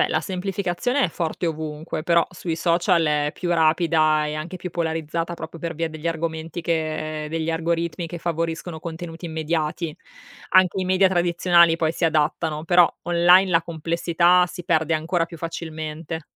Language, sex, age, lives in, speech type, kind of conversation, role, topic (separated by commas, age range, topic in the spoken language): Italian, female, 35-39, Italy, read, expert-assistant, expert, Cosa succederebbe se un personaggio classico vivesse nel nostro tempo?